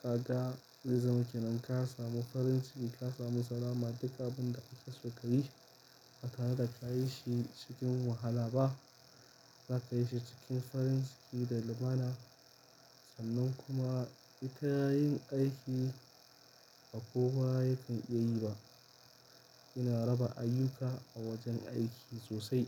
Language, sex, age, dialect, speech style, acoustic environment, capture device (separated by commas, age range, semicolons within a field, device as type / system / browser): Hausa, male, 25-34, Nigeria - Kano, happy; excited; focused, nature; silence, mobile / Linux / Chrome